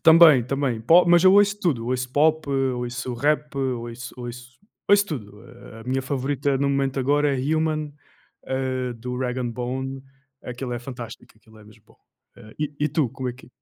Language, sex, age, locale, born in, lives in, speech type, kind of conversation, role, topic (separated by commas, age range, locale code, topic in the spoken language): Portuguese, male, 20-24, pt-PT, Portugal, Portugal, conversation, unstructured, none, Como a música influencia o seu dia a dia?